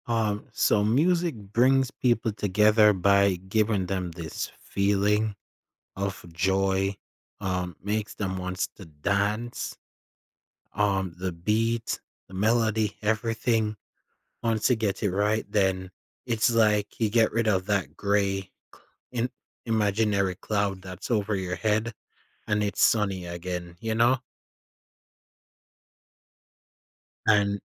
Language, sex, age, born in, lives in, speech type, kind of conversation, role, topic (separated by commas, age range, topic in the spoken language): English, male, 20-24, Jamaica, United States, conversation, podcast, guest, In what ways can music help build connections between people from different backgrounds?